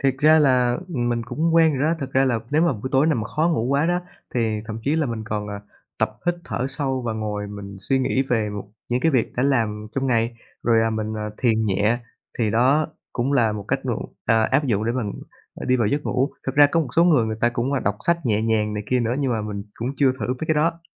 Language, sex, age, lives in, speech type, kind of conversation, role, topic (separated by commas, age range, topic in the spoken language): Vietnamese, male, 25-29, Vietnam, conversation, podcast, guest, Thói quen buổi tối nào giúp bạn ngủ ngon?